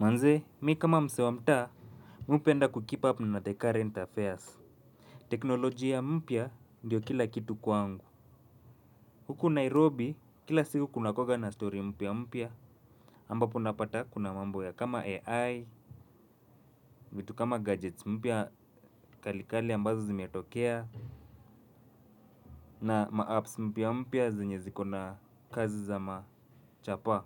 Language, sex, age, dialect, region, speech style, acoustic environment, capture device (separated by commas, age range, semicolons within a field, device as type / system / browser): Swahili, male, 25-34, Kenya - Nairobi Sheng-influenced, Kenya, relaxed, nature; appliances, mobile / Linux / Chrome